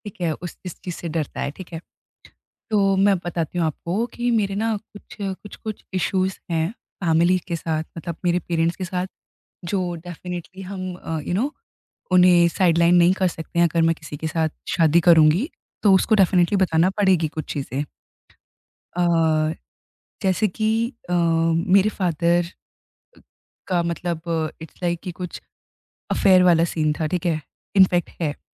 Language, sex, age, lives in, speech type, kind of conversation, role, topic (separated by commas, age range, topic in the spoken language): Hindi, female, 20-24, India, conversation, podcast, guest, Apni kamzoriyaan/naazuk jazbaat share karne se kya bharosa banta hai—tumhare tajurbe mein?